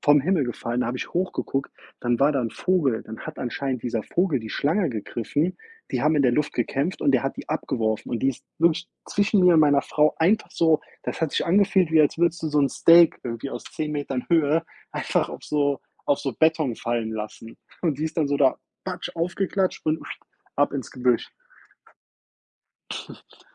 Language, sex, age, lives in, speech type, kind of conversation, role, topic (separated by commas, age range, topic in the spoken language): German, male, 30-34, United States, conversation, unstructured, none, Was war dein ungewöhnlichstes Abenteuer unterwegs?